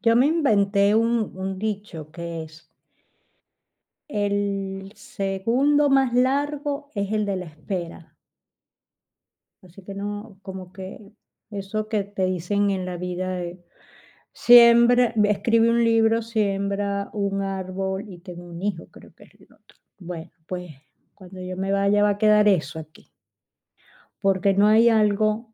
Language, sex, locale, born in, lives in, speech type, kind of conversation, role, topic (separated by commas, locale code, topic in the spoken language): Spanish, female, es-VE, Spain, Spain, conversation, advice, user, ¿Cómo estás llevando la espera de resultados importantes, ya sean médicos, legales o laborales?